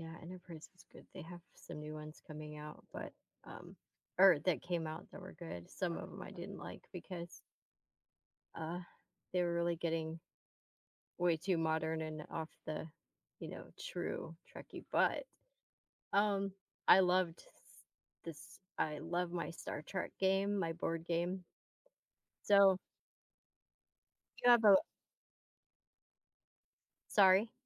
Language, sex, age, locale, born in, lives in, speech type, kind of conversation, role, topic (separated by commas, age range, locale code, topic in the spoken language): English, female, 40-44, en-US, Germany, United States, conversation, unstructured, none, How have your hobbies influenced your personal growth or abilities?